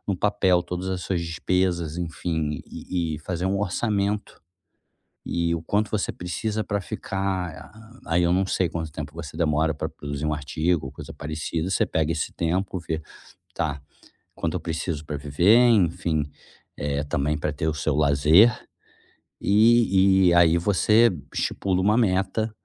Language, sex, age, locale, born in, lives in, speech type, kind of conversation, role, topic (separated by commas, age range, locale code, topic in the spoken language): Portuguese, male, 35-39, pt-BR, Brazil, Germany, conversation, advice, advisor, Como você costuma ceder a tentações que atrapalham seus objetivos?